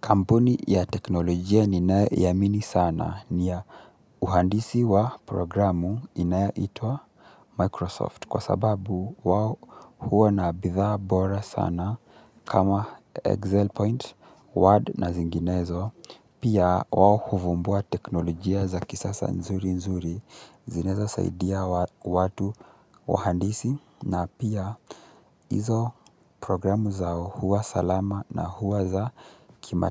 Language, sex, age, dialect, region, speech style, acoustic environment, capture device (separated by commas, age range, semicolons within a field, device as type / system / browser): Swahili, male, 18-24, Kenya - Mombasa, Kenya, relaxed; excited, silence; nature, mobile / Linux / Chrome